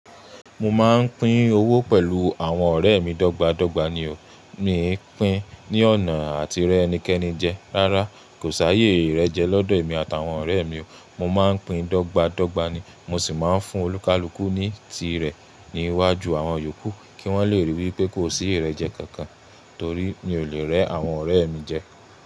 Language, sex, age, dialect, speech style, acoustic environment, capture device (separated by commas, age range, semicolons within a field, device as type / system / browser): Yoruba, male, 25-34, Nigeria - Ibadan, relaxed, silence, mobile / Linux / Chrome